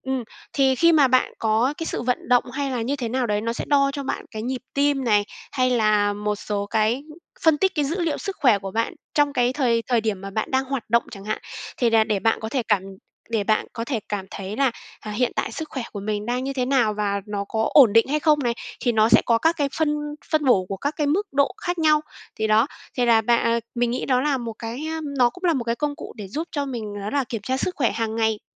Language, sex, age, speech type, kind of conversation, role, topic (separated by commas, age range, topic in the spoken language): Vietnamese, female, 25-29, conversation, podcast, guest, Công nghệ sẽ giúp người cao tuổi như thế nào trong tương lai?